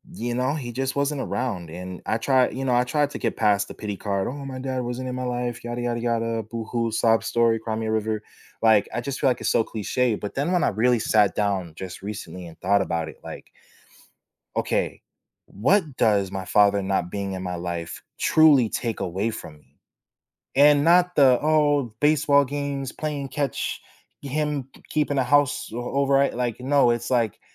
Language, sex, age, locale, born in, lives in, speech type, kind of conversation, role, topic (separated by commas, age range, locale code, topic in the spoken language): English, male, 25-29, en-US, United States, United States, conversation, podcast, guest, What are some effective ways people manage stress and maintain well-being?